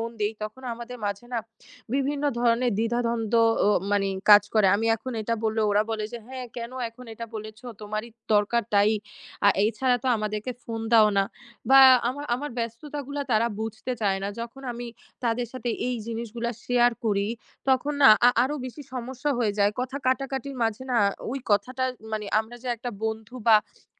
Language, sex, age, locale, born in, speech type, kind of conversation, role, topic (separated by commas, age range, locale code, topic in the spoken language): Bengali, female, 20-24, bn-BD, Bangladesh, conversation, advice, user, কাজ বা পড়াশোনার কারণে কি আপনার বন্ধু এবং সমর্থন কমে গেছে বা হারিয়ে গেছে?